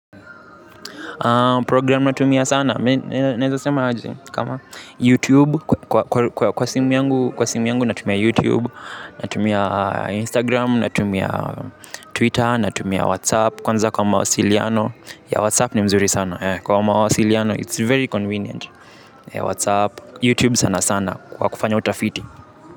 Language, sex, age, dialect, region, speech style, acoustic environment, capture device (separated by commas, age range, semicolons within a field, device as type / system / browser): Swahili, male, 18-24, Kenya - Nairobi Sheng-influenced, Kenya, relaxed, music, mobile / Linux / Chrome